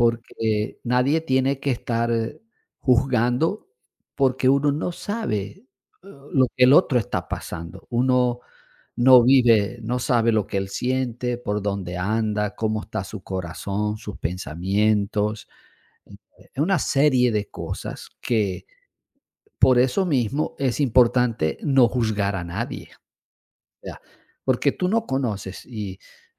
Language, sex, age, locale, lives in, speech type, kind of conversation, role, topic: Spanish, male, 55-59, es-VE, Portugal, conversation, unstructured, none, ¿Es justo juzgar a alguien por la manera en que enfrenta su dolor?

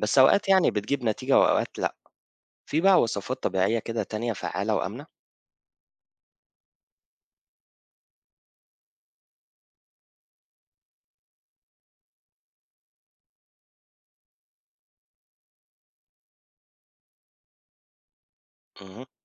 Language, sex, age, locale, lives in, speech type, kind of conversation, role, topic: Arabic, male, 25-29, ar-EG, Egypt, read, expert-assistant, asker, إيه الروتين اللي ممكن أتابعه كل يوم علشان ألاحظ مشاكل الزرع بدري؟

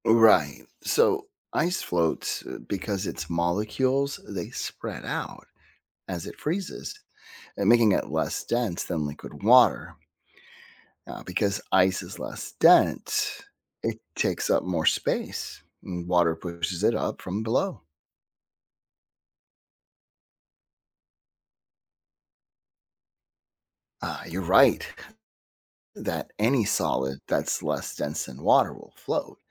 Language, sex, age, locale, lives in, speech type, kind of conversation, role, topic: English, male, 50-54, en-US, United States, read, expert-assistant, expert, What makes ice float on water?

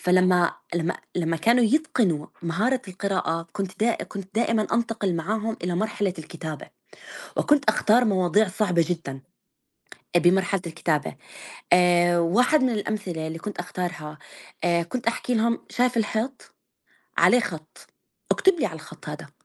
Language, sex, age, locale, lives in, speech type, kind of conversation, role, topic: Arabic, female, 35-39, ar-JO, United States, conversation, unstructured, none, إيه دور القراية في إنها تطوّر مهارات الكتابة عند الطلبة؟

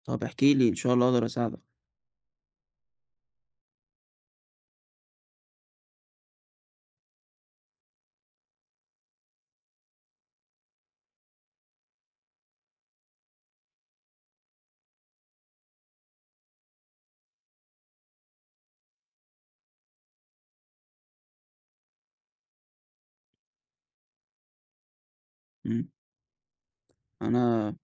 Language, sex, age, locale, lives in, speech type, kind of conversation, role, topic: Arabic, male, 20-24, ar-EG, Egypt, conversation, advice, advisor, إزاي أتغلب على خوفي إني أحاول تاني بعد فشل قبل كده؟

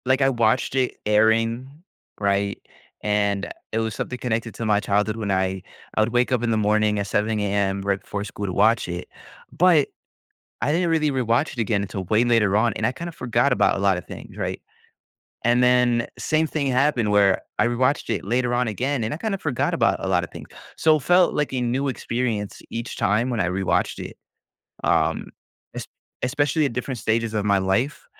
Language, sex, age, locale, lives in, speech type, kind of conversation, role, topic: English, male, 20-24, en-US, United States, conversation, podcast, guest, How has the rise of streaming changed the way we watch and connect with TV shows?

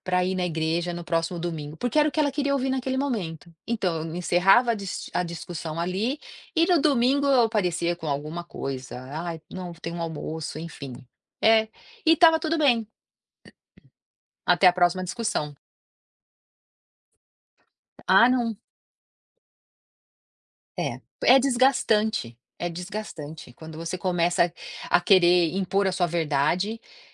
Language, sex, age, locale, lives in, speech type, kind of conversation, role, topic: Portuguese, female, 50-54, pt-BR, United States, conversation, podcast, guest, O que você recomenda para quem não sabe por onde começar a desaprender?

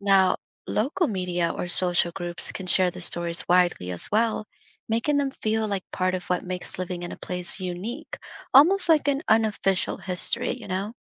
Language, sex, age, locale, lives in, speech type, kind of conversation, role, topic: English, female, 30-34, en-US, United States, read, expert-assistant, expert, Why do people believe in myths and legends?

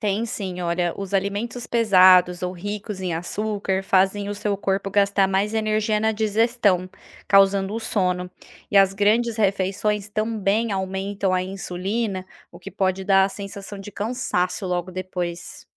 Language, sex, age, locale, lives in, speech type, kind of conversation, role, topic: Portuguese, female, 30-34, pt-BR, Spain, read, expert-assistant, expert, Como o corpo entende que é hora de dormir e de acordar todos os dias?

